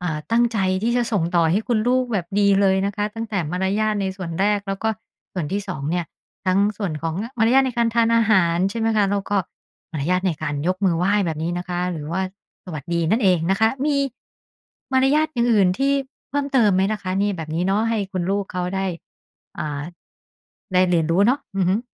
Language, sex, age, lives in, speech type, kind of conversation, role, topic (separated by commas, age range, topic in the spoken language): Thai, female, 50-54, Thailand, conversation, podcast, host, ค่านิยมอะไรที่คุณตั้งใจจะส่งต่อให้ลูกหลานของคุณ?